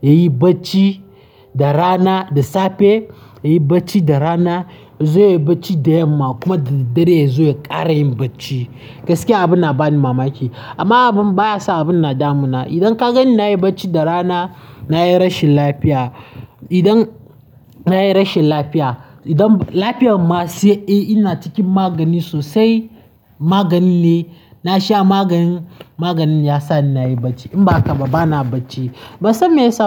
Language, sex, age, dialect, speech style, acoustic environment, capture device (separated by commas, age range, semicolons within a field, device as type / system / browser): Hausa, male, 18-24, Nigeria - Nigerian Standard, happy; nervous; tired, people talking; traffic; construction; music, mobile / Linux / Chrome